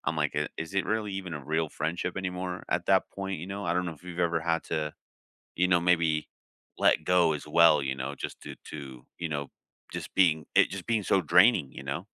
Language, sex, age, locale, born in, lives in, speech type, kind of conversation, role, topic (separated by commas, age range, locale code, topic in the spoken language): English, male, 30-34, en-US, United States, United States, conversation, unstructured, none, How do you maintain friendships and stay close across time and distance?